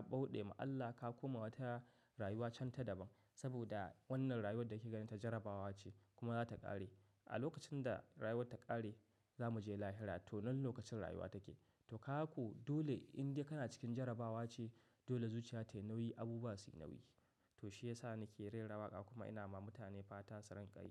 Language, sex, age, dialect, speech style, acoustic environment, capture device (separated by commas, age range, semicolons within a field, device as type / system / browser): Hausa, male, 25-34, Nigeria - Katsina, happy, silence, mobile / macOS / Safari